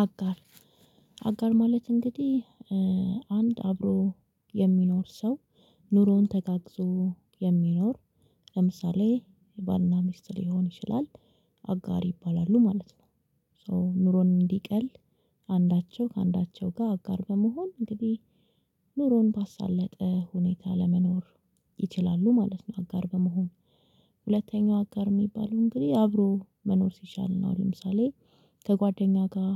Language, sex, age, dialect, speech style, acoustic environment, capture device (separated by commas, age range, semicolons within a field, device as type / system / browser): Amharic, female, 25-34, Ethiopia - Addis Ababa, relaxed, silence, mobile / Linux / Chrome